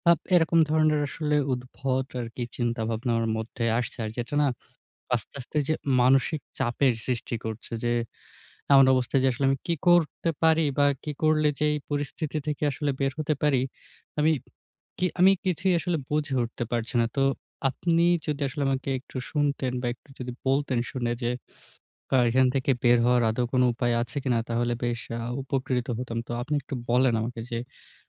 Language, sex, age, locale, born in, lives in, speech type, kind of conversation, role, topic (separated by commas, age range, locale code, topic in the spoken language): Bengali, male, 20-24, bn-BD, Bangladesh, Bangladesh, conversation, advice, user, আপনার নিয়মিত রুটিনে একঘেয়েমি কমিয়ে কীভাবে মানে খুঁজে পাবেন?